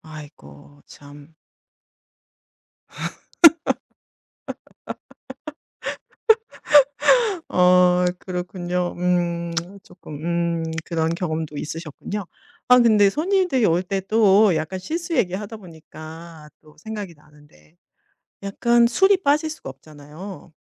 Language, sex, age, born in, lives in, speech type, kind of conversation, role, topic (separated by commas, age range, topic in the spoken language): Korean, female, 50-54, South Korea, Germany, conversation, podcast, host, 서로의 집을 방문할 때 지켜야 할 암묵적인 예절은 무엇인가요?